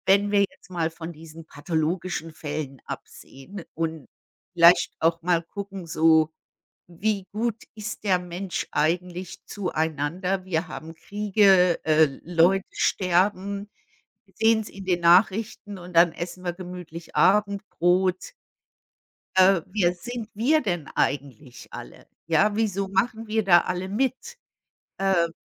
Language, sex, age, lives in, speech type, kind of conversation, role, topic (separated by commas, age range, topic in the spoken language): German, female, 55-59, United States, conversation, unstructured, none, Glaubst du, dass Menschen von Natur aus gut oder schlecht sind?